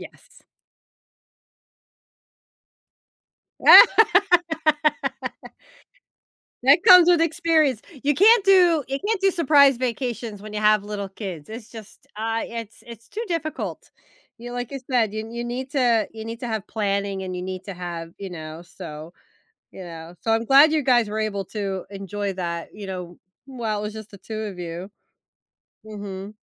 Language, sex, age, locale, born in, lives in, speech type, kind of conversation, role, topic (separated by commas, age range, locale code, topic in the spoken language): English, female, 45-49, en-US, United States, United States, conversation, advice, advisor, How do I respond when I find out my partner planned a surprise trip without telling me?